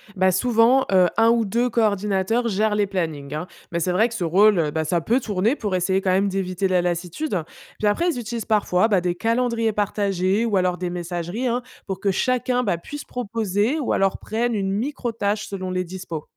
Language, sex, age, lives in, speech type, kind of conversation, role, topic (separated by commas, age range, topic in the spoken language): French, female, 25-29, France, read, expert-assistant, expert, Le tourisme de masse : est-il plus bénéfique ou nuisible pour les destinations et leurs habitants ?